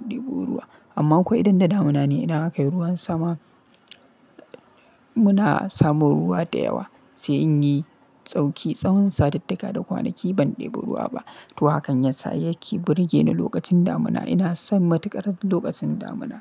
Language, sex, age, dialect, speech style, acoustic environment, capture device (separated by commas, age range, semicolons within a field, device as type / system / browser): Hausa, male, 25-34, Nigeria - Kano, happy; relaxed, silence, mobile / Linux / Chrome